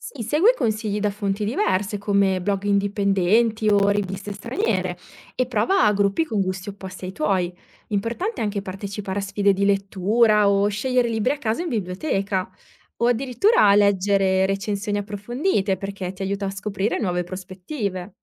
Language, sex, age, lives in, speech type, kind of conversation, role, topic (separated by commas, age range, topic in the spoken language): Italian, female, 30-34, Italy, read, expert-assistant, expert, Perché certi libri diventano classici secondo te, e chi decide cosa vale davvero nella letteratura?